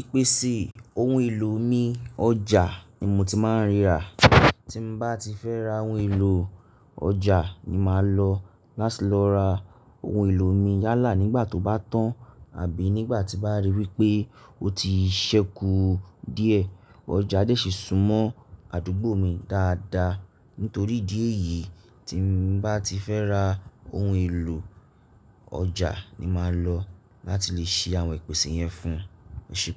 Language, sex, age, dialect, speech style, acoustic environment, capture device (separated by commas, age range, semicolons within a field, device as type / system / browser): Yoruba, male, 35-44, Nigeria - Nigerian Standard, relaxed, silence, mobile / Linux / Chrome